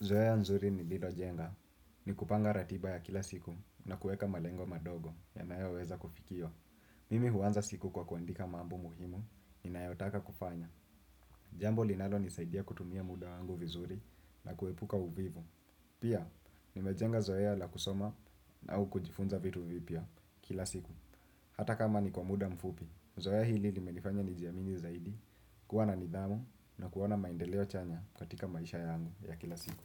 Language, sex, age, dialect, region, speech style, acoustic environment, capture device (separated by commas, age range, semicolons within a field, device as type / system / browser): Swahili, male, 18-24, Kenya - Nairobi Sheng-influenced, Kenya, focused, silence, desktop / Windows / Chrome